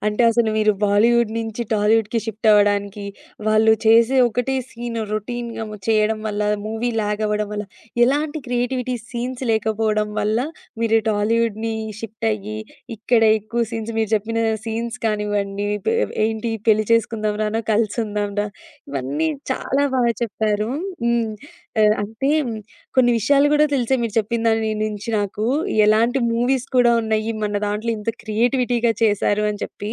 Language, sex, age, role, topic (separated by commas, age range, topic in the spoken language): Telugu, female, 20-24, host, బాలీవుడ్, టాలీవుడ్‌ల మధ్య మీకు కనిపించే ప్రధాన తేడా ఏమిటి?